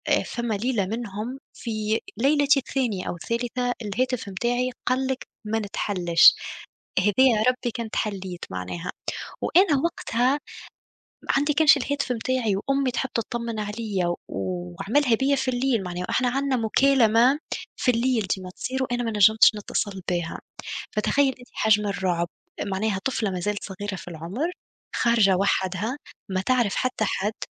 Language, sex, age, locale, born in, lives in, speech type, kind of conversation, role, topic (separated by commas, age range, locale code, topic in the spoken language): Arabic, female, 25-29, ar-TN, Tunisia, Germany, conversation, unstructured, none, هل جرّبت تعيش من غير موبايل فترة؟ كانت التجربة عاملة إزاي؟